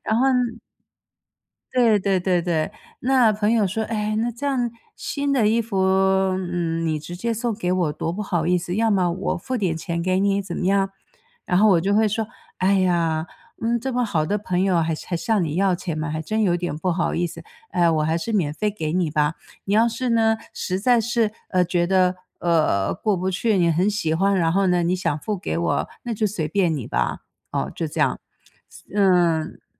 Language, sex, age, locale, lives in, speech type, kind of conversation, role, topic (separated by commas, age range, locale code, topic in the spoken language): Chinese, female, 55-59, zh-TW, United States, conversation, podcast, guest, 当家里的东西堆得太多时，你通常会怎么整理和处理？